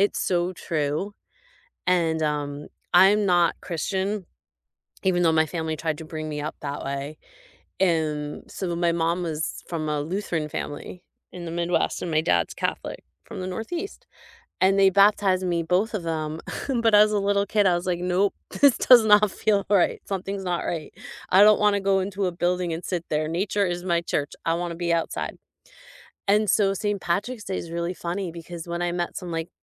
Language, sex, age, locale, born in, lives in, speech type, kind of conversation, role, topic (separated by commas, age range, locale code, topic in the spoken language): English, female, 50-54, en-US, United States, United States, conversation, unstructured, none, How do holidays shape the way we connect with culture?